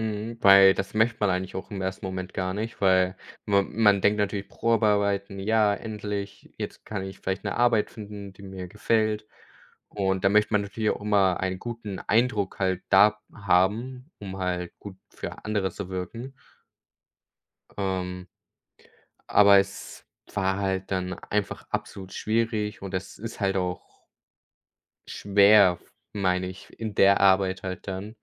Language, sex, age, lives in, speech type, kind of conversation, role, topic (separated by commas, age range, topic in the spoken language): German, male, 18-19, Germany, conversation, unstructured, none, Was war dein erster Job, und was hast du daraus gelernt?